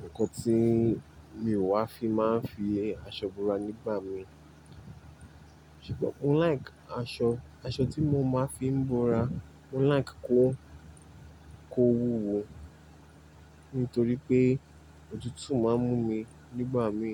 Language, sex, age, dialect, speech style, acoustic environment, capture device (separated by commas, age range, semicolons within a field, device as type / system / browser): Yoruba, male, 25-34, Nigeria - Nigerian Standard, happy, appliances, mobile / Linux / Chrome